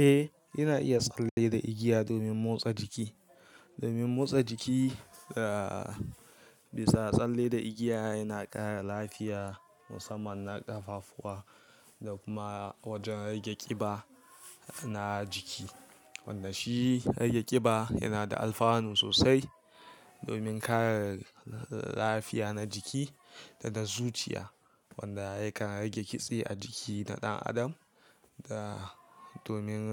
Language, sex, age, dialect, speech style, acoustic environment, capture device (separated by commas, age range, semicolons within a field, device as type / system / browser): Hausa, male, 18-24, Nigeria - Kano, relaxed; focused, silence; nature, mobile / Linux / Chrome